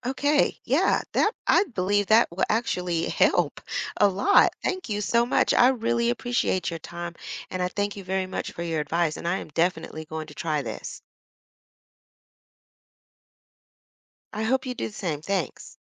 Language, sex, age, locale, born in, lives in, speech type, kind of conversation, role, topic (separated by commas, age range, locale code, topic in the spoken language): English, female, 45-49, en-US, United States, United States, conversation, advice, user, How can I prioritize tasks and avoid feeling overwhelmed by daily responsibilities?